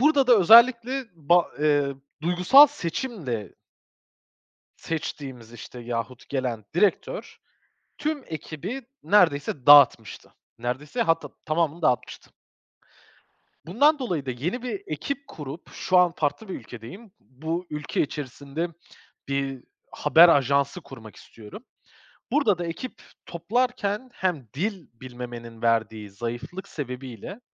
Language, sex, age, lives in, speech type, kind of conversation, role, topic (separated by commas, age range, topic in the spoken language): Turkish, male, 25-29, Portugal, conversation, advice, user, Yeni bir ekip kurma baskısı altındayken doğru kişiyi seçememekten nasıl kaçınabilirim?